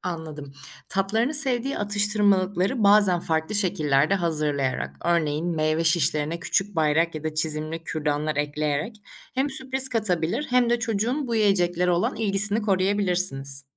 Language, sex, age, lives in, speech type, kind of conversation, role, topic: Turkish, female, 25-29, Germany, read, expert-assistant, expert, Çocuklara sağlıklı beslenme alışkanlıkları nasıl kazandırılır?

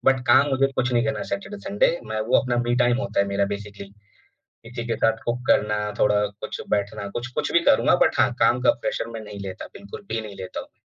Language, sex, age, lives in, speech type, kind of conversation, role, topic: Hindi, male, 30-34, India, conversation, podcast, guest, Aap kab samjhauta karte hain, aur kab apni hadon par tikte hain?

